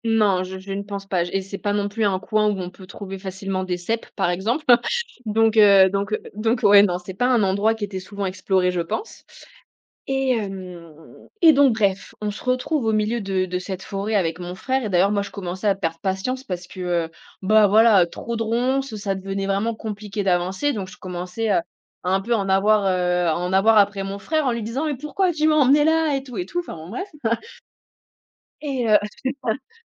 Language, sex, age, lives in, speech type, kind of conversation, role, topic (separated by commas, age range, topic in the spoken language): French, female, 25-29, France, conversation, podcast, guest, Peux-tu raconter une découverte inattendue faite en explorant un quartier ?